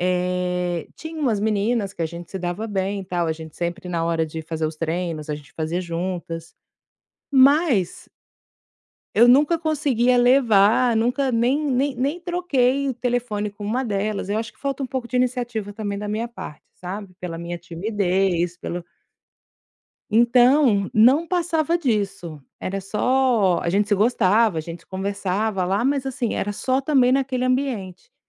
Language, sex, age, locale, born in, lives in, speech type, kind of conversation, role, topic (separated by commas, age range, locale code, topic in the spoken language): Portuguese, female, 35-39, pt-BR, Brazil, Spain, conversation, advice, user, Como você tem lidado com a solidão depois de se mudar para uma cidade nova sem uma rede de apoio?